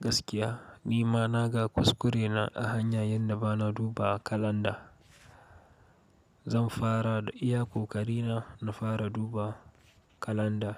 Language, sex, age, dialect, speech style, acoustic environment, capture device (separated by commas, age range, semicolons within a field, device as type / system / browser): Hausa, male, 18-24, Nigeria - Katsina, focused, nature, mobile / Linux / Chrome